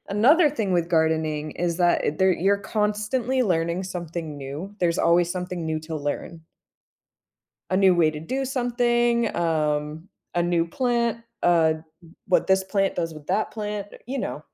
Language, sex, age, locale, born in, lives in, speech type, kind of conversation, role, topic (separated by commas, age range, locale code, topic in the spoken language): English, female, 30-34, en-US, United States, United States, conversation, podcast, guest, Why do so many people find gardening rewarding and meaningful?